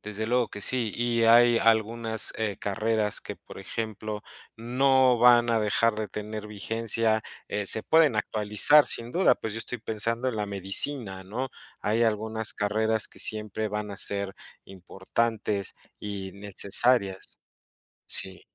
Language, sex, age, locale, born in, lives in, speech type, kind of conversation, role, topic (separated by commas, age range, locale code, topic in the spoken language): Spanish, male, 35-39, es-MX, Mexico, Mexico, conversation, unstructured, none, ¿Cómo eliges tu carrera profesional?